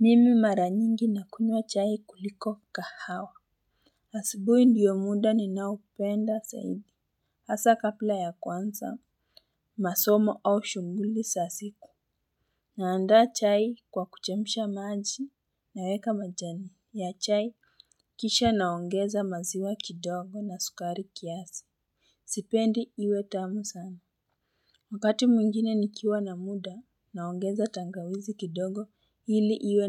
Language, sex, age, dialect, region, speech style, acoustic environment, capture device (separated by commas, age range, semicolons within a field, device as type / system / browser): Swahili, female, 18-24, Kenya - Nairobi Sheng-influenced, Kenya, stressed, people talking, mobile / Linux / Chrome